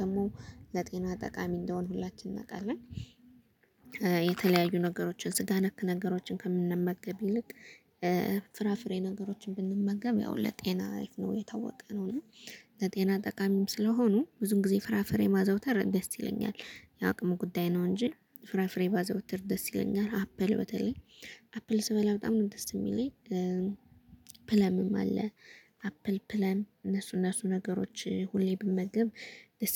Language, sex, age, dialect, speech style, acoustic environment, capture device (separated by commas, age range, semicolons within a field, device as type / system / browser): Amharic, female, 25-34, Ethiopia - Gondar, happy, construction, mobile / Linux / Chrome